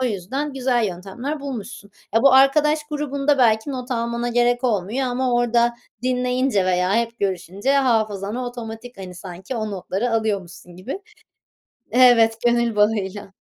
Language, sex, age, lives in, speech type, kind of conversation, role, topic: Turkish, female, 30-34, Netherlands, conversation, podcast, host, Birini gerçekten dinlediğini nasıl gösterirsin?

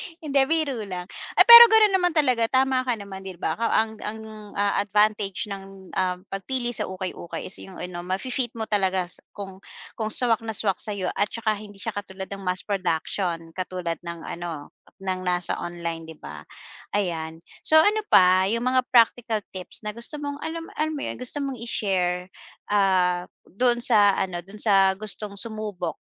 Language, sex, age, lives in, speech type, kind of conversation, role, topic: Filipino, female, 40-44, Philippines, conversation, podcast, host, Ano ang papel ng kaginhawaan sa paghubog ng iyong estetika?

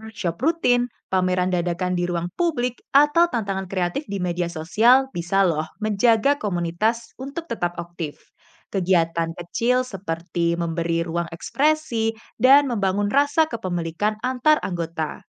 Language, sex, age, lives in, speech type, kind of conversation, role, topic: Indonesian, female, 25-29, Indonesia, read, expert-assistant, expert, Apa saja keuntungan dan tantangan dalam melestarikan seni rakyat tradisional di era modern?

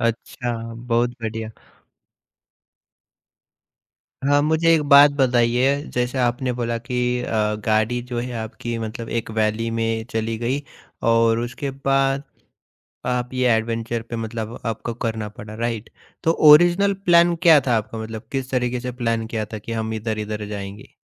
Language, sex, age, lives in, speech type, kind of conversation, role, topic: Hindi, male, 30-34, India, conversation, podcast, host, Aisa kaunsa safar tha jiska plan achanak se full adventure ban gaya—use share karoge?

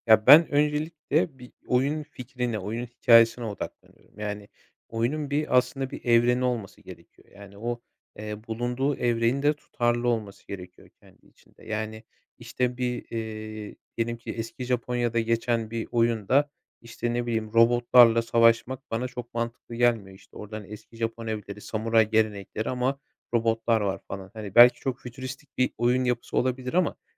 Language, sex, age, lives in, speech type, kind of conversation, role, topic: Turkish, male, 25-29, Poland, conversation, podcast, guest, Oyununu veya etkinliğini test ederken nelere dikkat edersin?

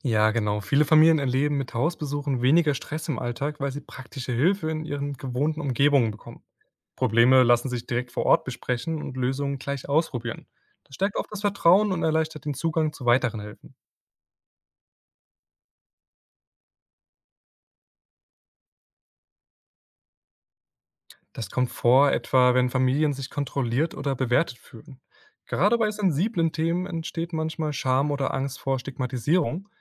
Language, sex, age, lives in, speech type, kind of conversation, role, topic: German, male, 20-24, Germany, read, expert-assistant, expert, Welche Vorteile und Herausforderungen bringt es mit sich, wenn Sozialarbeiterinnen und Sozialarbeiter Familien direkt zu Hause unterstützen?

